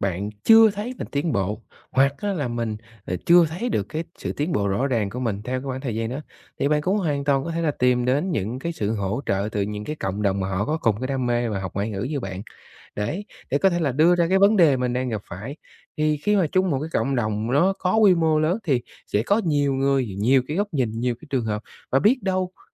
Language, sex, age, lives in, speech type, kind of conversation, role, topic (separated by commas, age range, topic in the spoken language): Vietnamese, male, 30-34, Vietnam, conversation, advice, advisor, Làm thế nào để theo đuổi đam mê một cách bền vững mà không bị mất động lực?